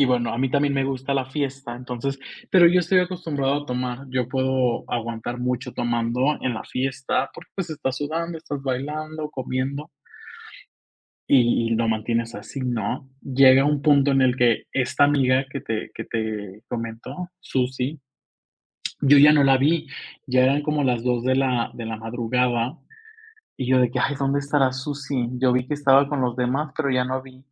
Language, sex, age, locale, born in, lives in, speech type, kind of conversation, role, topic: Spanish, male, 25-29, es-MX, Mexico, Mexico, conversation, podcast, guest, ¿Qué recuerdo de viaje te hace reír cada vez?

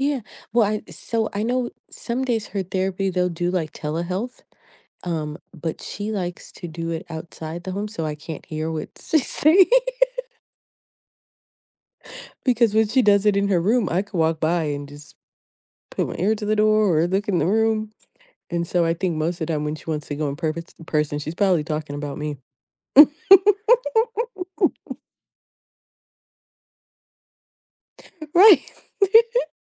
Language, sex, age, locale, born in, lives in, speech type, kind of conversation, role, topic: English, female, 35-39, en-US, United States, United States, conversation, advice, user, How can I rest effectively and recover when I'm feeling burned out?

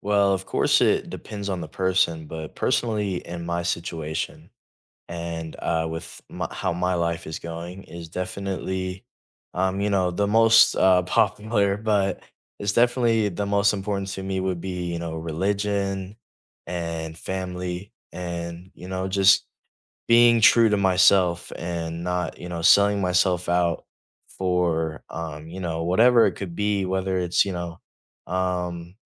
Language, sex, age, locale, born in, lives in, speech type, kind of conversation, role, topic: English, male, 18-19, en-US, United States, United States, conversation, unstructured, none, What values do you think are most important in life?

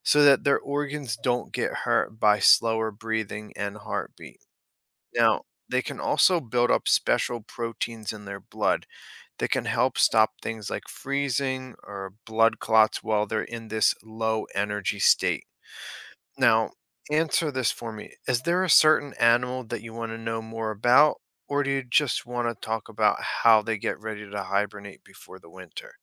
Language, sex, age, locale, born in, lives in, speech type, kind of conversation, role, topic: English, male, 35-39, en-US, United States, United States, read, expert-assistant, expert, Why do some animals hibernate in winter?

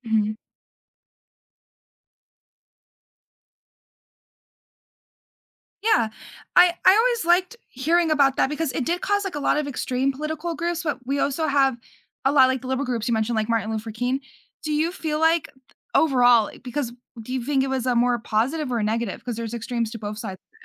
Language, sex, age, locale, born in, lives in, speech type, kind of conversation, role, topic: English, female, 18-19, en-US, United States, United States, conversation, podcast, host, How has technology changed the way societies develop and remember their past?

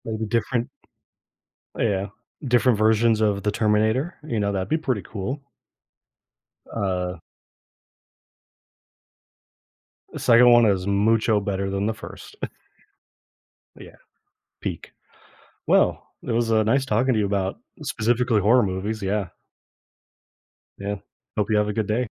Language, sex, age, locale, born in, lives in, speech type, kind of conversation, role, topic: English, male, 25-29, en-US, United States, United States, conversation, unstructured, none, Which film character would you invite to dinner, and what would you ask them?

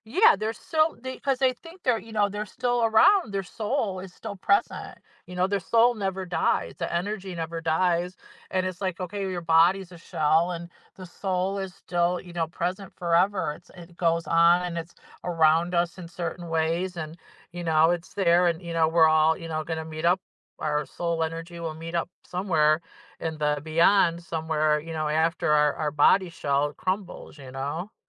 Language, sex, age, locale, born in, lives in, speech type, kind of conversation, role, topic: English, female, 55-59, en-US, United States, United States, conversation, podcast, guest, How do cultural beliefs shape the ways people honor and remember those who have passed away?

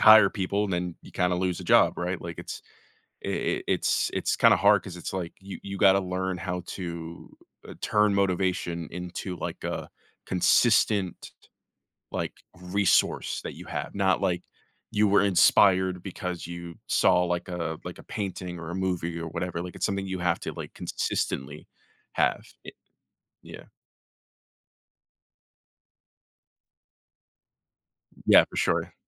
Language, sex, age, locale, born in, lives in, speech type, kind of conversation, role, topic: English, male, 25-29, en-US, United States, United States, conversation, podcast, guest, What helps you stay committed to practicing even when motivation is low?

